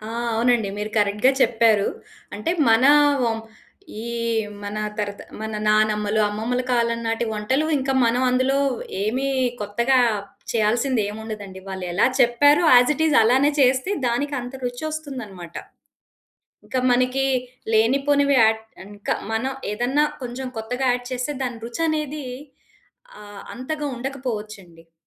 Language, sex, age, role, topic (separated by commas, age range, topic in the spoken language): Telugu, female, 40-44, guest, మీ వంశంలో తరతరాలుగా వచ్చే వంటల్లో మీకు అత్యంత ఇష్టమైన వంటకం ఏది?